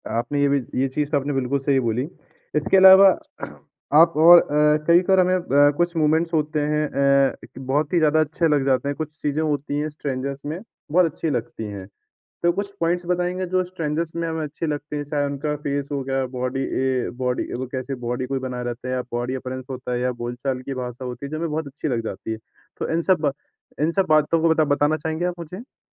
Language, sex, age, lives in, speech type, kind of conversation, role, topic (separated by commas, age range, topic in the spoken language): Hindi, male, 20-24, India, conversation, podcast, host, Woh kaunsa pal tha jab bilkul anjaan log bhi tumhe parivaar jaise lagne lage, aur phir kya hua?